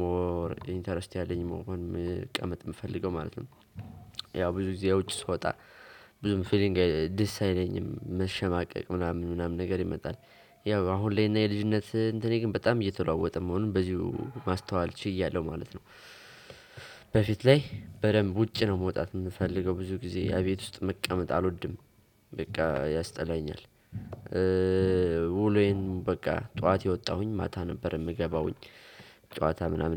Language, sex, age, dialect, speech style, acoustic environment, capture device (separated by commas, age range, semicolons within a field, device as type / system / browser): Amharic, male, 18-24, Ethiopia - Gojjam, tired; excited, silence, desktop / Windows / Chrome